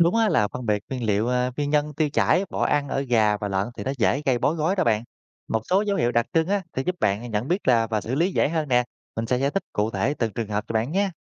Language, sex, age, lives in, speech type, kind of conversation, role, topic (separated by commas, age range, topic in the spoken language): Vietnamese, male, 30-34, Vietnam, read, expert-assistant, expert, Nếu bạn có một trang trại nhỏ, đâu là việc nên ưu tiên làm trước: xây chuồng trại tốt, chọn giống vật nuôi, hay cải thiện thức ăn?